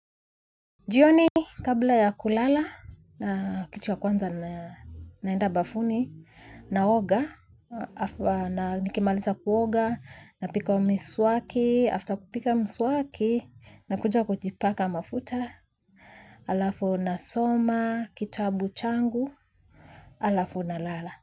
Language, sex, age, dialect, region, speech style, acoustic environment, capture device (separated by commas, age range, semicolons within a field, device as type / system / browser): Swahili, female, 25-34, Kenya - Nairobi Sheng-influenced, Kenya, happy; excited, silence, mobile / Linux / Chrome